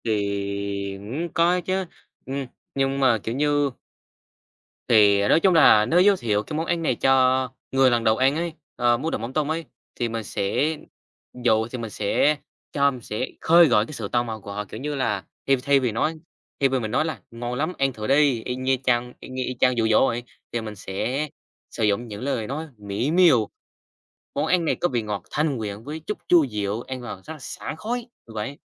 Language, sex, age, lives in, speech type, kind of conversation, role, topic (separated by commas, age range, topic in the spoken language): Vietnamese, male, 18-19, Vietnam, conversation, unstructured, none, Món ăn nào khiến bạn cảm thấy hạnh phúc nhất khi ăn?